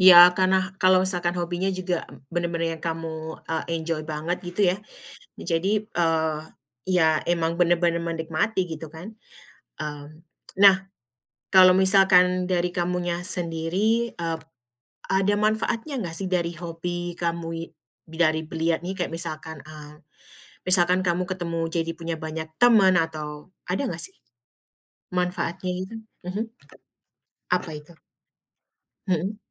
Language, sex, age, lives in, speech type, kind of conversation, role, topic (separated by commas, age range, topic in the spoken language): Indonesian, female, 35-39, United States, conversation, podcast, host, Bagaimana Anda mengatur waktu untuk menekuni hobi saat minggu sedang sibuk?